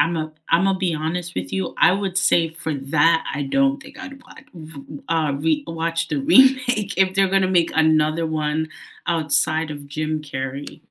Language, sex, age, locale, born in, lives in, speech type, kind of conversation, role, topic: English, female, 25-29, en-US, United States, United States, conversation, unstructured, none, Which do you prefer overall: remakes or originals?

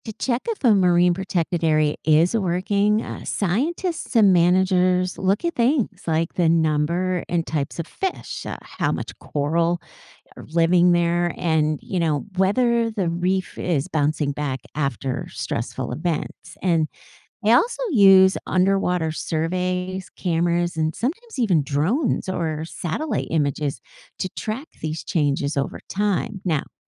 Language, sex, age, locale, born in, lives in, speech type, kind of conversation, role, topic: English, female, 55-59, en-US, United States, United States, read, expert-assistant, expert, What causes coral reefs to lose their color?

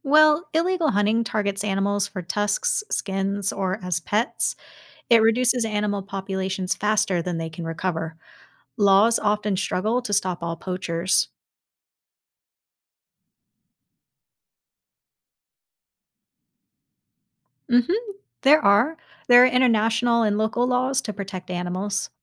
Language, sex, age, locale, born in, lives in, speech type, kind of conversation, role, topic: English, female, 30-34, en-US, United States, United States, read, expert-assistant, expert, What challenges do endangered species encounter?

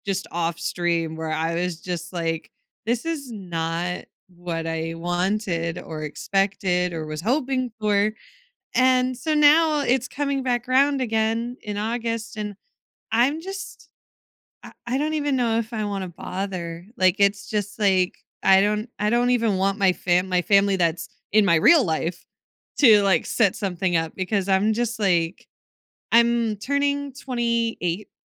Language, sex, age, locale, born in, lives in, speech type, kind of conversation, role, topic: English, female, 25-29, en-US, United States, United States, conversation, advice, user, How can I cope with feeling alone during holidays and celebrations?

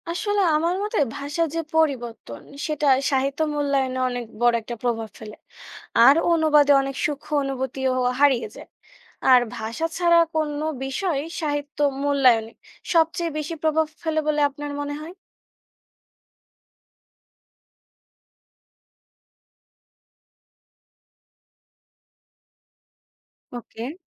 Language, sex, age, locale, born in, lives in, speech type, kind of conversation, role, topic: Bengali, female, 20-24, bn-BD, Bangladesh, Bangladesh, read, expert-assistant, asker, একই গল্প যদি দুই ভিন্ন ভাষার লেখক বলেন, তাহলে তাদের কাহিনিতে কী কী পার্থক্য দেখা যায়?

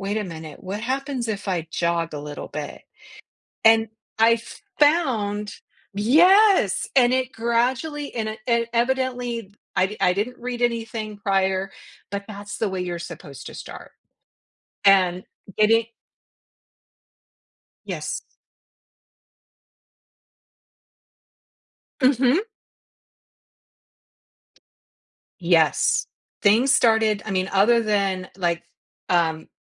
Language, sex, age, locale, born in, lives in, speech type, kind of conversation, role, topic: English, female, 55-59, en-US, United States, United States, conversation, podcast, guest, In what ways can regular physical activity improve our ability to cope with stress?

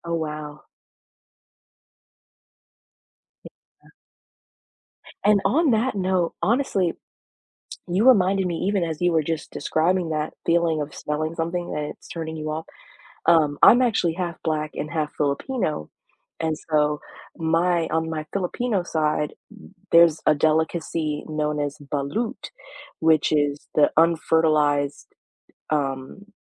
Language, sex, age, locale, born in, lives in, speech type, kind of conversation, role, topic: English, female, 25-29, en-US, United States, United States, conversation, podcast, host, How does sharing food help us connect with different cultures and traditions?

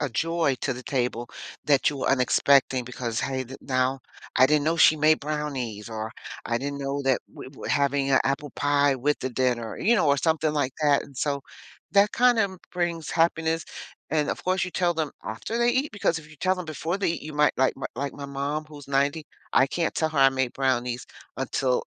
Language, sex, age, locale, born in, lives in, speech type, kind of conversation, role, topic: English, female, 60-64, en-US, United States, United States, conversation, podcast, guest, What are some ways to create a warm and memorable atmosphere during meals?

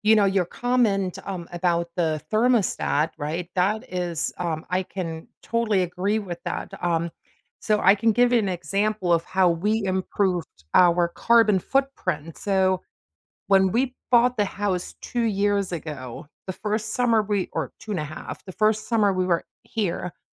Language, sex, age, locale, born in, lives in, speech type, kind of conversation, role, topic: English, female, 45-49, en-DE, Germany, United States, conversation, podcast, host, How is technology changing the way we protect and care for the environment?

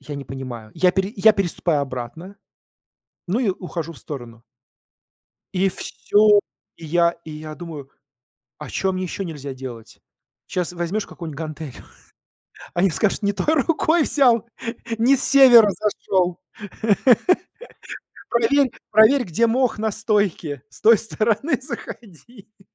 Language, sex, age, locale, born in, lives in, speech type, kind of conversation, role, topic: Russian, male, 40-44, ru-RU, Russia, United States, conversation, advice, user, Как справиться со стыдом и неуверенностью во время тренировок в общественном спортзале?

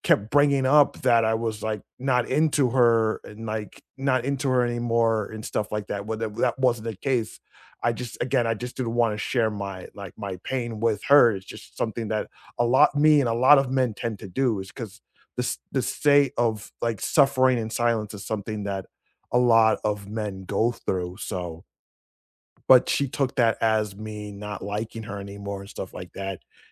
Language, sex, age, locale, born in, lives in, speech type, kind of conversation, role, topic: English, male, 35-39, en-US, United States, United States, conversation, unstructured, none, Have you ever felt angry when someone used your past against you?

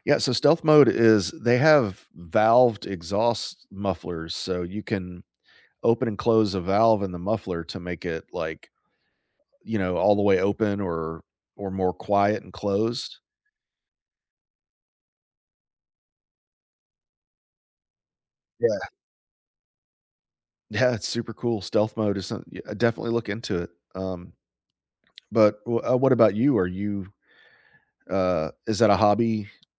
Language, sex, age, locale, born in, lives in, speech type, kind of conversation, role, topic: English, male, 40-44, en-US, United States, United States, conversation, unstructured, none, What hobby are you most excited to start this year?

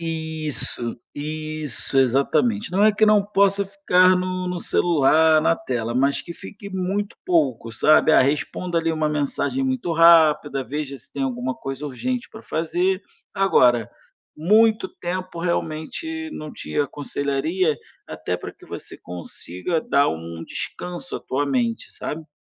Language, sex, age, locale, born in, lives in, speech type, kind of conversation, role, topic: Portuguese, male, 35-39, pt-BR, Brazil, Spain, conversation, advice, advisor, Como posso incluir pausas ativas no meu dia de trabalho?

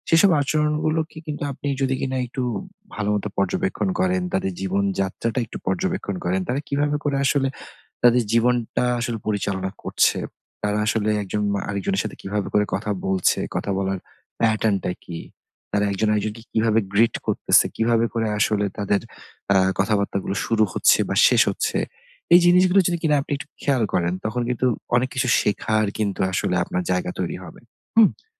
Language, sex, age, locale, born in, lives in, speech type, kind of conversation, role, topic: Bengali, male, 30-34, bn-BD, Bangladesh, Bangladesh, conversation, advice, advisor, নতুন জায়গায় বসবাস করে স্থানীয়দের সাংস্কৃতিক আচরণ ও সামাজিক সংকেত কীভাবে বুঝব এবং মানিয়ে নেব?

